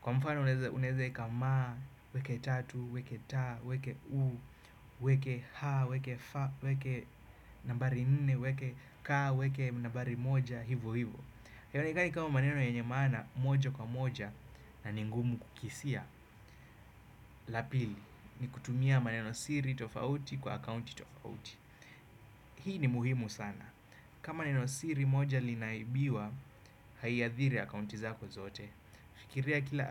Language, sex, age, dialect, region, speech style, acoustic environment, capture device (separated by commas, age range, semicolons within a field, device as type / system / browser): Swahili, male, 25-34, Kenya - Nairobi Sheng-influenced, Kenya, happy; focused, nature; appliances, desktop / Windows / Chrome